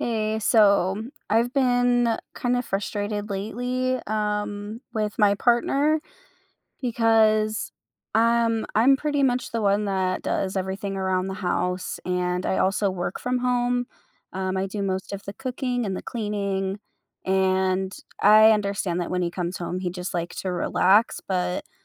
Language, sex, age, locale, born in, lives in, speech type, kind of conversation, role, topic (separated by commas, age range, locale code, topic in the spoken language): English, female, 25-29, en-US, United States, United States, conversation, advice, user, How do I address my partner not pulling their weight with household chores?